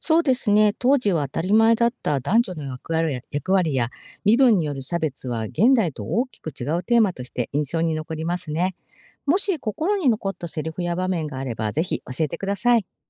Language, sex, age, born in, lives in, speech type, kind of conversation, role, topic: Japanese, female, 50-54, Japan, Japan, read, expert-assistant, expert, 昔の名作を今の時代に合わせて読み直すとしたら、どんな新しい見方や感想が生まれると思いますか？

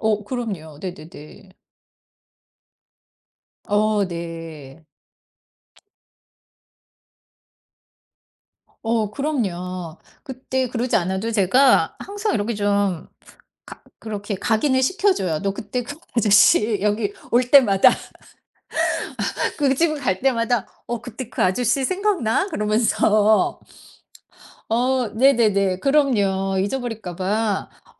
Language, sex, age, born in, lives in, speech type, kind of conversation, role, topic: Korean, female, 50-54, South Korea, United States, conversation, podcast, guest, 작은 친절 하나가 큰 영향을 준 경험이 있으신가요?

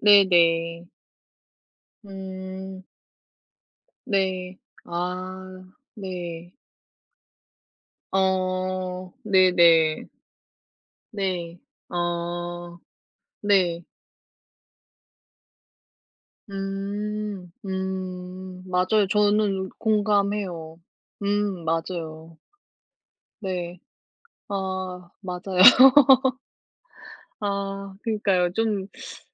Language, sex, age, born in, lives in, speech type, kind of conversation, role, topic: Korean, female, 35-39, South Korea, South Korea, conversation, unstructured, none, 꿈을 이룬 뒤에는 삶이 어떻게 달라질 것 같나요?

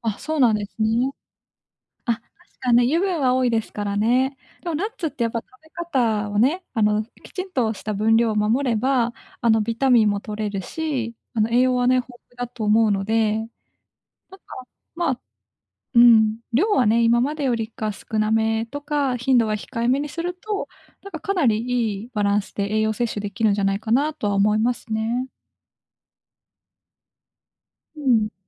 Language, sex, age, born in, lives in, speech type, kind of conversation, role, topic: Japanese, female, 25-29, Japan, Japan, conversation, advice, advisor, 食事を抜きがちで体調が心配なのですが、どうすればよいですか？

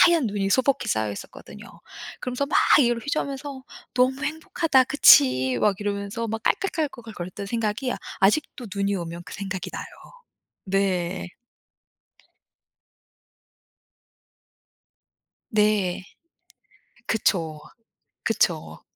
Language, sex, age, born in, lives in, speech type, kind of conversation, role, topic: Korean, female, 40-44, United States, United States, conversation, podcast, guest, 친구와 함께한 일 중에 아직도 잊지 못하는 일은 무엇인가요?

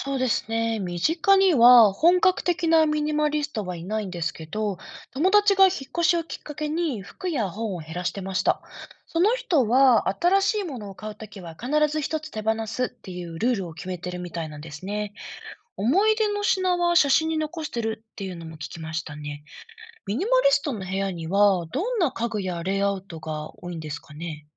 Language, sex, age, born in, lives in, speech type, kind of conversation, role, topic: Japanese, female, 35-39, Japan, Japan, read, expert-assistant, asker, ミニマリストな住まいを選ぶ理由とは何ですか？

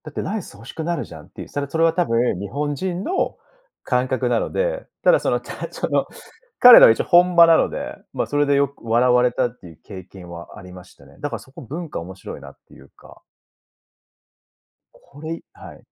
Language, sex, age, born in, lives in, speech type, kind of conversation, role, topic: Japanese, male, 35-39, Japan, Japan, conversation, podcast, guest, 食べ物で自分の居場所（帰属意識）を感じることはありますか？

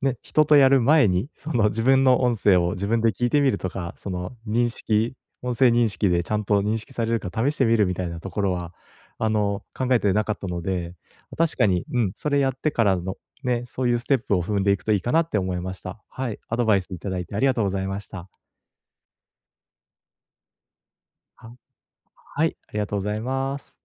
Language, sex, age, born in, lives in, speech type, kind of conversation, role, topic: Japanese, male, 30-34, Japan, Japan, conversation, advice, user, 言語の壁をどうやって乗り越えればいいですか？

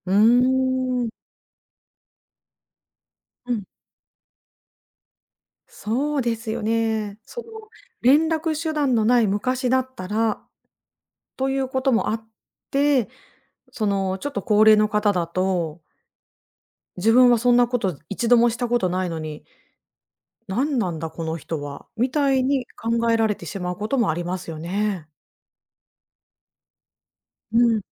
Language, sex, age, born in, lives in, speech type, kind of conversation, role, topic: Japanese, female, 40-44, Japan, Japan, conversation, advice, advisor, 仕事の所要時間をいつも短く見積もってしまう状況を教えていただけますか？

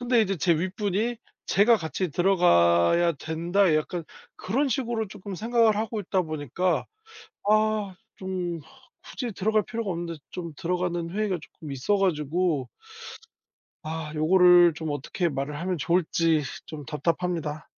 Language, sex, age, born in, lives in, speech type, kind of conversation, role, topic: Korean, male, 30-34, South Korea, South Korea, conversation, advice, user, 회의가 너무 길어 다른 업무가 밀릴 때 어떻게 해야 하나요?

